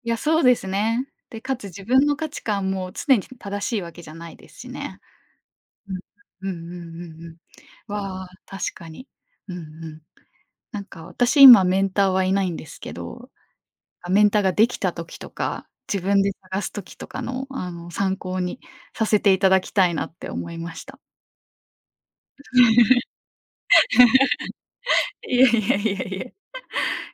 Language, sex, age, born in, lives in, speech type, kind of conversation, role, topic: Japanese, female, 25-29, Japan, Japan, conversation, podcast, host, メンターと価値観が合わないと感じたとき、どう対応すればよいですか？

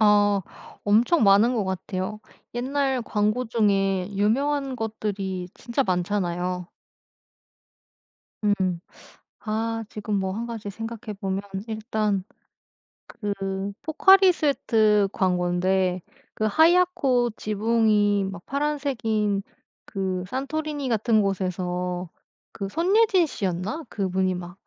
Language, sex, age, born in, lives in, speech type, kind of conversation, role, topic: Korean, female, 30-34, South Korea, South Korea, conversation, podcast, guest, 옛날 광고 중에서 아직도 생생하게 떠오르는 장면이 있으신가요?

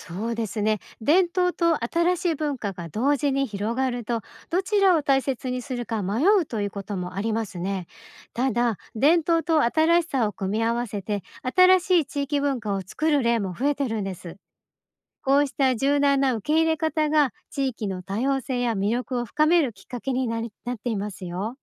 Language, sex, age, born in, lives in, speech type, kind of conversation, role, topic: Japanese, female, 50-54, Japan, Japan, read, expert-assistant, expert, もしも世界中の言語が一つだけになったら、私たちの文化やアイデンティティはどのように変化すると思いますか？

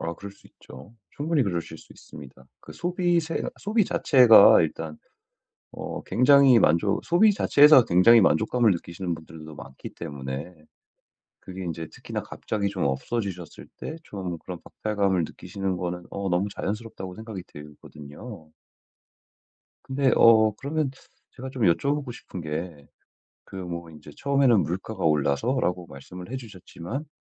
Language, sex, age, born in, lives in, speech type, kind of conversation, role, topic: Korean, male, 35-39, United States, United States, conversation, advice, advisor, 절약하면서도 작은 기쁨을 포기하지 않으려면 어떻게 균형을 잡아야 할까요?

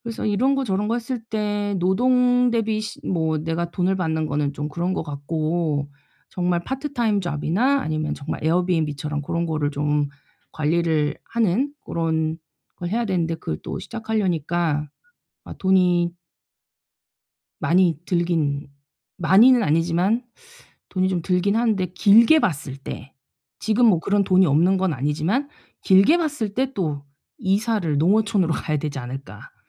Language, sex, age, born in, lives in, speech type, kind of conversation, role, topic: Korean, female, 45-49, South Korea, South Korea, conversation, advice, user, 사업 예산을 더 잘 관리하고 최적화하려면 무엇부터 시작해야 하나요?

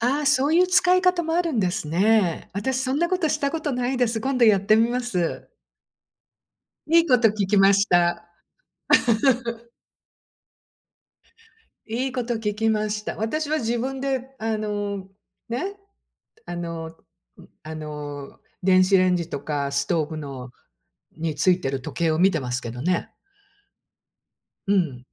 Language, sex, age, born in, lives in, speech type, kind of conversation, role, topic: Japanese, female, 60-64, Japan, United States, conversation, unstructured, none, スマート家電がある生活はどんな感じですか？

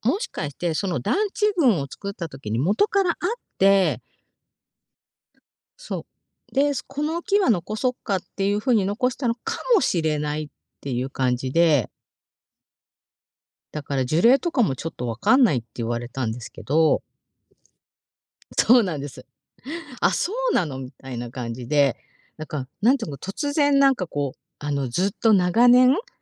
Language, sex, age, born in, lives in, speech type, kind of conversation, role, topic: Japanese, female, 50-54, Japan, Japan, conversation, podcast, guest, 古い木や巨木を目の前にしたとき、どんなことを感じましたか？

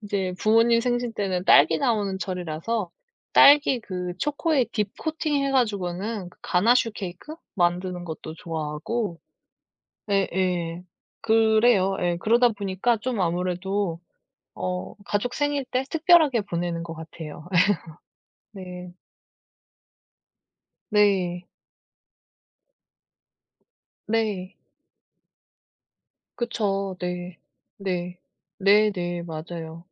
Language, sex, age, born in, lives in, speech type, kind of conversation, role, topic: Korean, female, 35-39, South Korea, South Korea, conversation, unstructured, none, 취미 활동을 할 때 가장 즐거운 순간은 언제인가요?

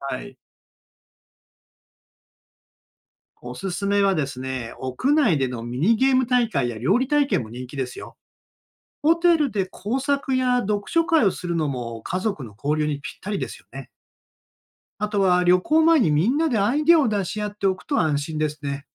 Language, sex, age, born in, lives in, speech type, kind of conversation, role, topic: Japanese, male, 60-64, Japan, Japan, read, expert-assistant, expert, 無駄のない旅行スケジュールを作るには、どんな工夫が必要でしょうか？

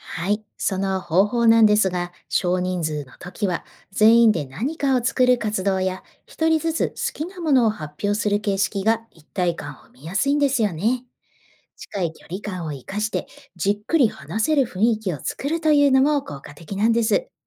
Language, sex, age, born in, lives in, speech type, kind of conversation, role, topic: Japanese, female, 45-49, Japan, Japan, read, expert-assistant, expert, 社会福祉の仕事で、人数や道具が足りないとき、どんな工夫をしていますか？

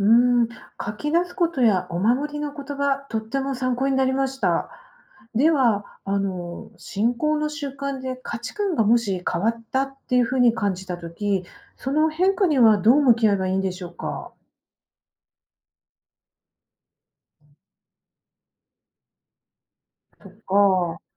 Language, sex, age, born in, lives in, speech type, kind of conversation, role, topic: Japanese, female, 50-54, Japan, Japan, read, expert-assistant, asker, 自分の信仰と普段の暮らし、うまくバランスをとるにはどうしたらいいですか？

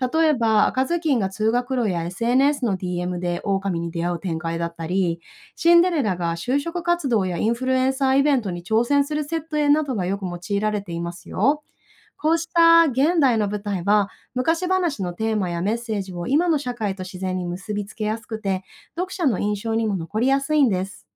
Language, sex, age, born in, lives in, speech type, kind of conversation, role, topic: Japanese, female, 30-34, Japan, Japan, read, expert-assistant, expert, 昔話を現代風にアレンジするにはどうすればよいですか？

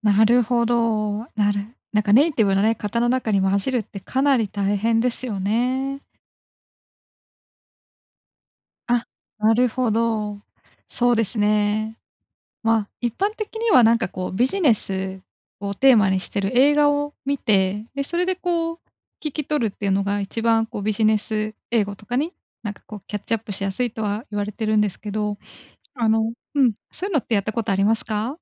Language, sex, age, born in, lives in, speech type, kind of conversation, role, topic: Japanese, female, 25-29, Japan, Japan, conversation, advice, advisor, 自分のスキルを効率よく伸ばすにはどうすればよいですか？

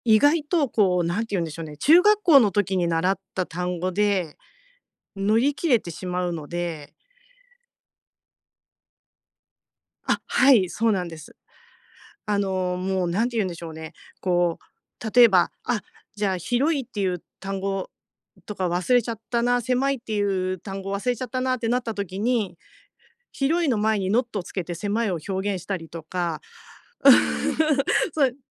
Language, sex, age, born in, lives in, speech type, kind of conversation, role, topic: Japanese, female, 50-54, Japan, Japan, conversation, advice, user, 趣味を毎日の習慣として続けるには、どうすればいいですか？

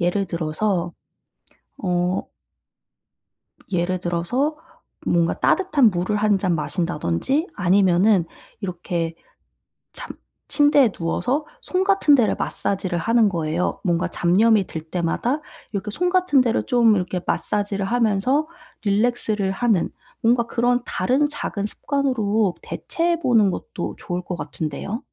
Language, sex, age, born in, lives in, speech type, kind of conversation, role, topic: Korean, female, 30-34, South Korea, South Korea, conversation, advice, advisor, 매일 아침에 간단하게 에너지를 높이는 방법이 있을까요?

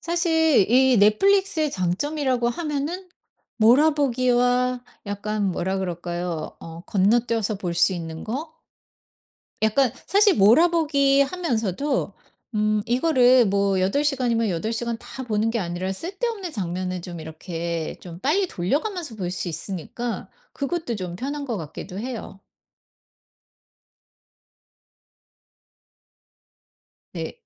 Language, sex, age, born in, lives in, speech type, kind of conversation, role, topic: Korean, female, 50-54, South Korea, United States, conversation, podcast, guest, 넷플릭스 같은 플랫폼에서 드라마나 시리즈를 몰아보게 되는 이유는 무엇인가요?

